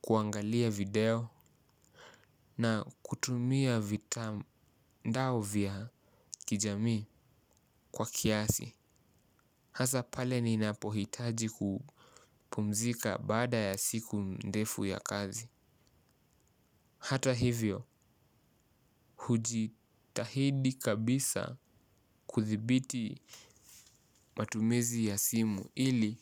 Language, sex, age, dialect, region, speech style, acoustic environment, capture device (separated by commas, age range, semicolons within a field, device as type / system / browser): Swahili, male, 18-24, Kenya - Mombasa, Kenya, relaxed, silence, mobile / Linux / Chrome